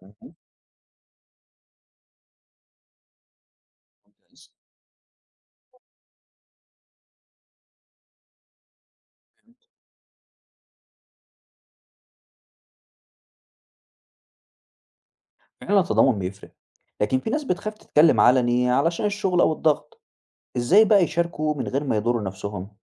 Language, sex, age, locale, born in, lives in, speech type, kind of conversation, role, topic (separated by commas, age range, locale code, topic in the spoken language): Arabic, male, 20-24, ar-EG, Egypt, Egypt, read, expert-assistant, asker, إزاي أقدر أعرف نظام الحكم اللي يخلّي صوت الناس مسموع وحقوقهم محفوظة؟